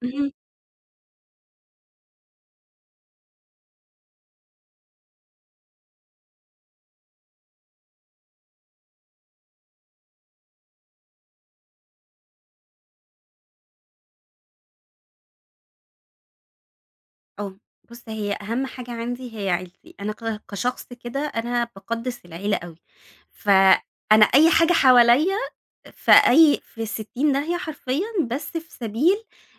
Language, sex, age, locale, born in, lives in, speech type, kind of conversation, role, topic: Arabic, female, 30-34, ar-EG, Egypt, Egypt, conversation, advice, user, إزاي أتعامل مع ضغط إني أقبل ترقية في الشغل وأنا خايف أخسر توازن حياتي؟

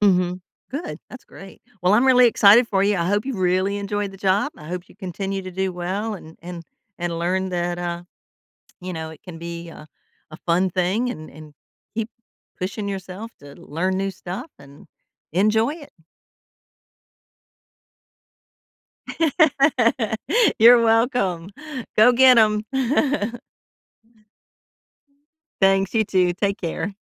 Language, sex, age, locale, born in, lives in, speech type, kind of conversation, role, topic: English, female, 55-59, en-US, United States, United States, conversation, advice, advisor, How can I build confidence and settle in at my new job?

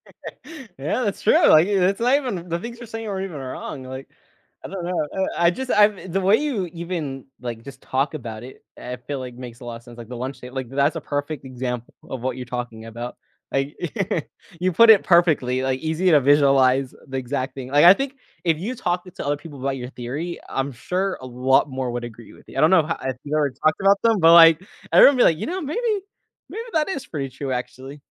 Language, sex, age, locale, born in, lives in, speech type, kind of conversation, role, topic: English, male, 20-24, en-US, United States, United States, conversation, unstructured, none, Would you rather be able to control the weather or control time?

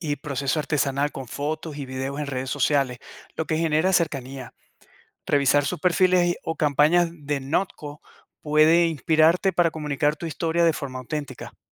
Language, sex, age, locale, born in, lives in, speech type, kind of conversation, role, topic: Spanish, male, 50-54, es-VE, Venezuela, United States, read, expert-assistant, expert, ¿Qué pasos estratégicos debería seguir para expandir mi negocio a otro país de Latinoamérica?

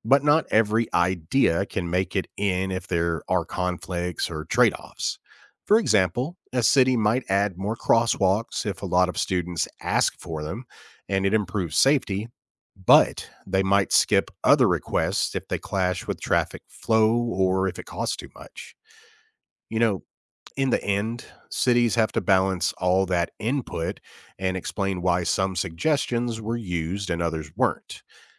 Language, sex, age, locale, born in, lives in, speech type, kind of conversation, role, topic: English, male, 35-39, en-US, United States, United States, read, expert-assistant, expert, How can public good be defined objectively?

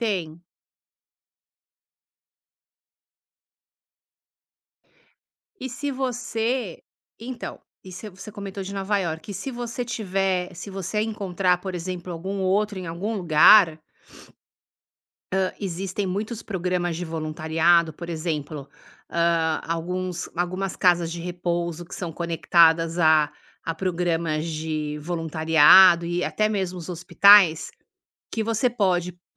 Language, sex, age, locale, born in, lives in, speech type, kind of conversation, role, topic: Portuguese, female, 50-54, pt-BR, Brazil, United States, conversation, advice, advisor, Como posso contribuir mais para a comunidade se não sei por onde começar?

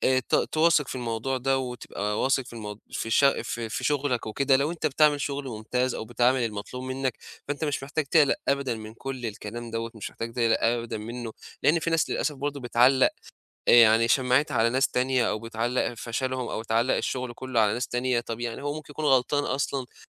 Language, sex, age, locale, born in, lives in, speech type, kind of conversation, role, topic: Arabic, male, 20-24, ar-EG, Egypt, Egypt, conversation, advice, advisor, إزاي أحافظ على ثقتي في نفسي وأنا بتعرض لانتقاد بنّاء أو هجوم شخصي؟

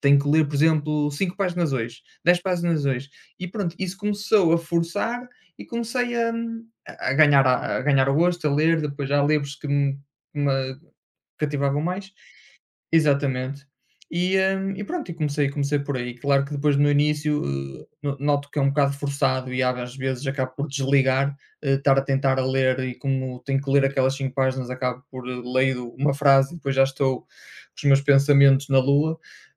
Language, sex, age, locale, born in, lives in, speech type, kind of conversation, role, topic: Portuguese, male, 30-34, pt-PT, Portugal, Portugal, conversation, unstructured, none, Você já mudou algo em você para se sentir melhor?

